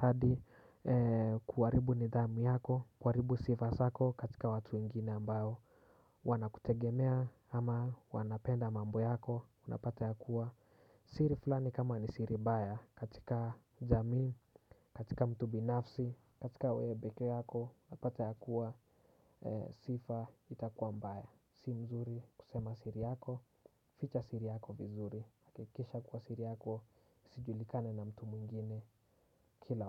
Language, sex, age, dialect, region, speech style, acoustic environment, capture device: Swahili, male, 18-24, Kenya - Nairobi Sheng-influenced, Kenya, relaxed, silence, desktop / Windows / Chrome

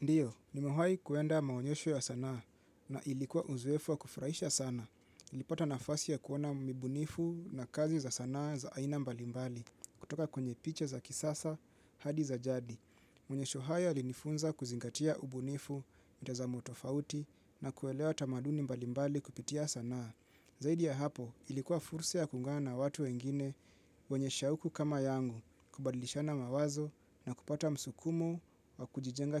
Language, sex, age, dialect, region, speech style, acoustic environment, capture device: Swahili, male, 25-34, Kenya - Nairobi Sheng-influenced, Kenya, relaxed; focused, people talking; appliances; music; silence, mobile / Linux / Chrome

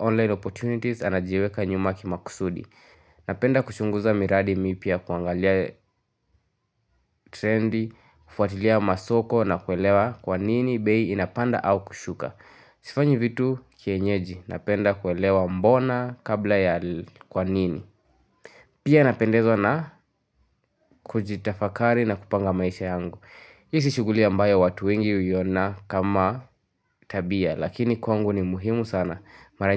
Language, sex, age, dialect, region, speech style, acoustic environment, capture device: Swahili, male, 18-24, Kenya - Nairobi Sheng-influenced, Kenya, focused, nature, mobile / Linux / Chrome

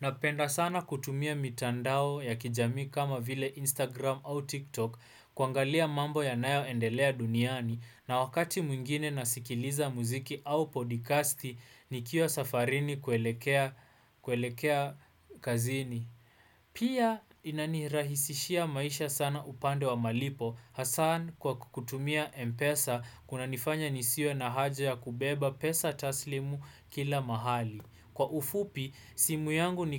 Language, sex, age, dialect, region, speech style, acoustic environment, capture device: Swahili, male, 18-24, Kenya - Nairobi Sheng-influenced, Kenya, focused, silence, desktop / Windows / Chrome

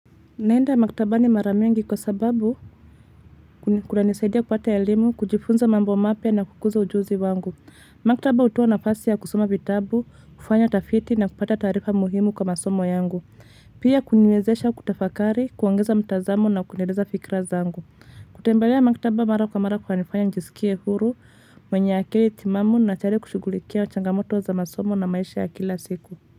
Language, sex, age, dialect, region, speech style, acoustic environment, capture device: Swahili, female, 25-34, Kenya - Nairobi Sheng-influenced, Kenya, relaxed, traffic, mobile / Linux / Chrome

